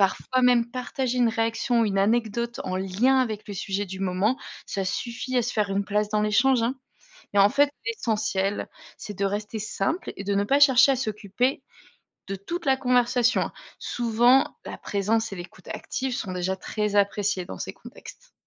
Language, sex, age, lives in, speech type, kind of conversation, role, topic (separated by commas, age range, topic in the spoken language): French, female, 35-39, Germany, read, expert-assistant, expert, Comment surmonter les freins invisibles pour progresser professionnellement ?